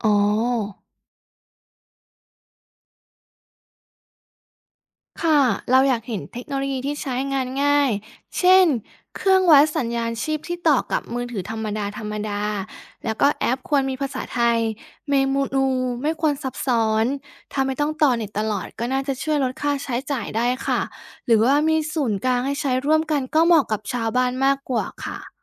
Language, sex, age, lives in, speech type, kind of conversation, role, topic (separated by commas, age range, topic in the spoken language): Thai, female, 30-34, Thailand, read, expert-assistant, asker, ถ้ามีการนำระบบ AI หรือเทคโนโลยีใหม่ ๆ มาใช้ในการดูแลผู้ป่วย จะเกิดความเปลี่ยนแปลงอะไรได้บ้าง และมีข้อจำกัดใดที่ควรพิจารณา?